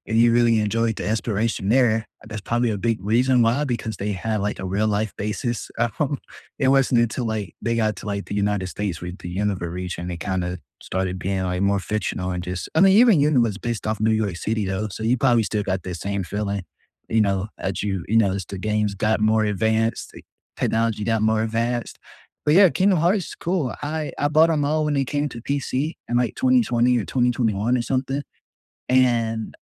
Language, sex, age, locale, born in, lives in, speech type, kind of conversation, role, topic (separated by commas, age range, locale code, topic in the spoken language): English, male, 20-24, en-US, United States, United States, conversation, unstructured, none, Which classic or childhood video games do you still replay just for nostalgia and fun, and what keeps you coming back to them?